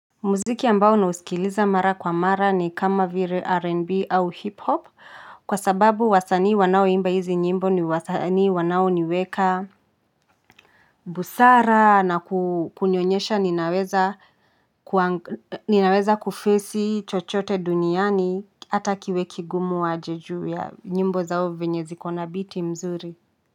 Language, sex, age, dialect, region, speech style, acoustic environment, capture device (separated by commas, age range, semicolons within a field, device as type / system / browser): Swahili, female, 25-34, Kenya - Nairobi Sheng-influenced, Kenya, happy, silence, mobile / Linux / Chrome